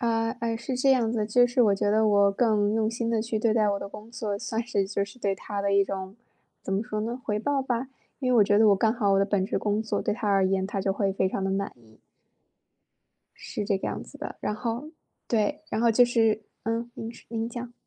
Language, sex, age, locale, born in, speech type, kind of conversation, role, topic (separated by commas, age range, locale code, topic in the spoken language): Chinese, female, 25-29, zh-CN, China, conversation, podcast, guest, 你有没有遇到过在关键时刻及时出现的贵人或帮手？